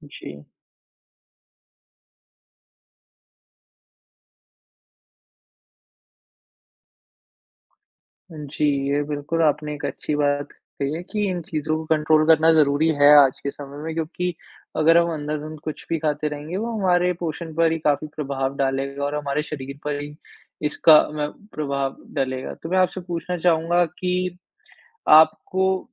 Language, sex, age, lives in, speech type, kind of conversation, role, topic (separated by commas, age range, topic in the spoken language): Hindi, male, 20-24, India, conversation, advice, advisor, यात्रा के दौरान सही पोषण बनाए रखना आपके लिए मुश्किल क्यों हो रहा है?